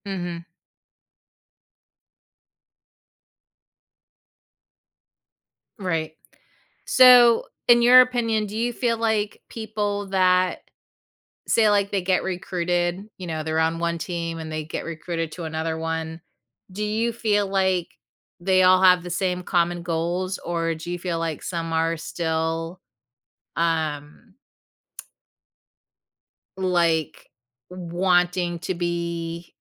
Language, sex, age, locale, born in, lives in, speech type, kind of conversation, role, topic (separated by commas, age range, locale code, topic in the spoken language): English, female, 45-49, en-US, United States, United States, conversation, podcast, host, What role do sports play in building connections between people from diverse backgrounds?